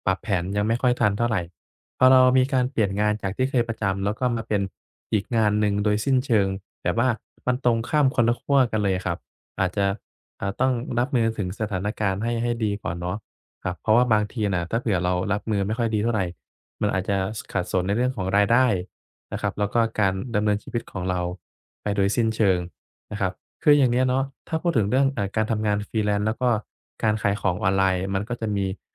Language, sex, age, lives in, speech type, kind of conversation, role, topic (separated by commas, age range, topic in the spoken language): Thai, male, 25-29, Thailand, conversation, advice, advisor, จะรักษาแรงจูงใจไว้ได้อย่างไรเมื่อต้องเปลี่ยนแผนกลางทาง?